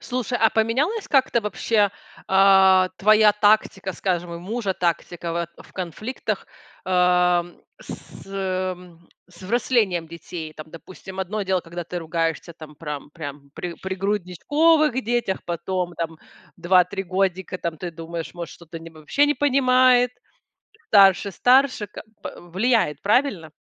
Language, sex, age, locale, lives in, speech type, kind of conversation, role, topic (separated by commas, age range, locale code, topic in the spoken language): Russian, female, 40-44, ru-RU, United States, conversation, podcast, host, Как вести себя во время ссоры при детях?